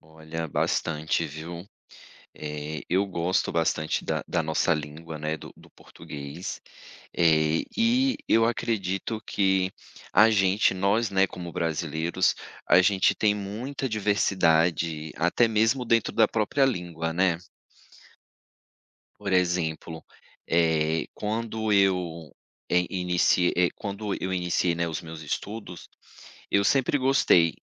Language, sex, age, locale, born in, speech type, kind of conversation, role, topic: Portuguese, male, 35-39, pt-BR, Brazil, conversation, podcast, guest, Qual é a importância da língua na sua identidade cultural?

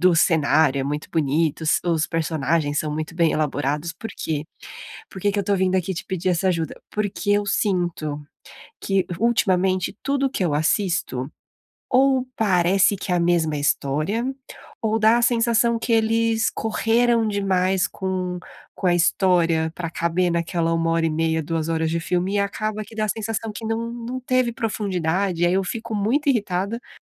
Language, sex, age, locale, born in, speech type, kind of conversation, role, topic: Portuguese, female, 30-34, pt-BR, Brazil, conversation, advice, user, Como posso escolher um entretenimento divertido que consiga prender minha atenção?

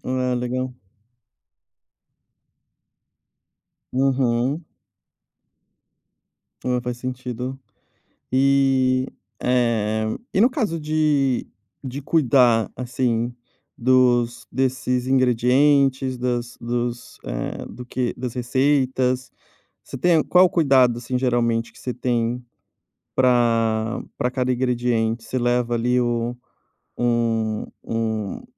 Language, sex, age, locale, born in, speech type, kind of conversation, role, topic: Portuguese, male, 30-34, pt-BR, Brazil, conversation, podcast, host, Como você organiza uma festa em que cada pessoa leva um prato?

